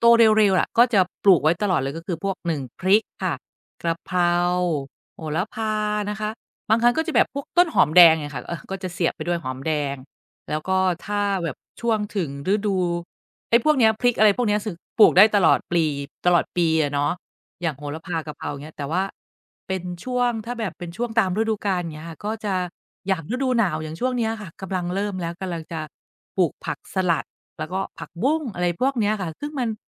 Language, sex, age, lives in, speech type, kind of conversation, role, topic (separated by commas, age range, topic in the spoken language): Thai, female, 45-49, Thailand, conversation, podcast, guest, ถ้าอยากเริ่มทำสวนครัวที่บ้าน ควรปลูกพืชอะไรก่อน?